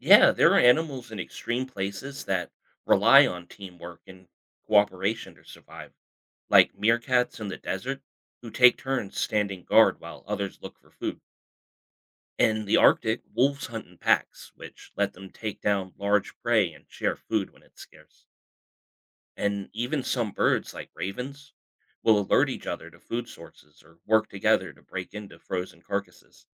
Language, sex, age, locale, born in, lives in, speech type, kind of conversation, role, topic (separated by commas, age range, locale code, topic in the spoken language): English, male, 35-39, en-US, United States, United States, read, expert-assistant, expert, How do animals adapt to extreme environments?